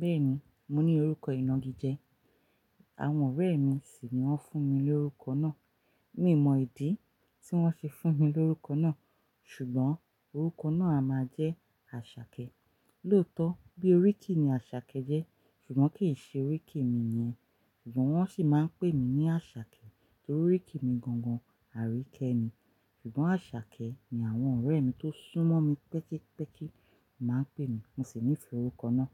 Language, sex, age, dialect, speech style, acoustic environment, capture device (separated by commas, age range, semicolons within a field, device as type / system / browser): Yoruba, female, 35-44, Nigeria - Ibadan, relaxed; focused, silence; nature, mobile / Linux / Chrome